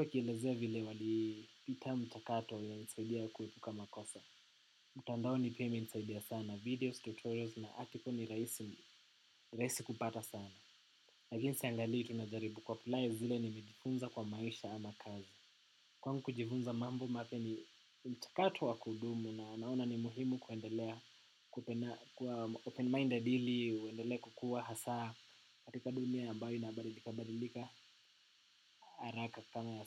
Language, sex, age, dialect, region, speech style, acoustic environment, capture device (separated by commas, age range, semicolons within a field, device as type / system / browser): Swahili, male, 18-24, Kenya - Nairobi Sheng-influenced, Kenya, happy, nature, desktop / macOS / Chrome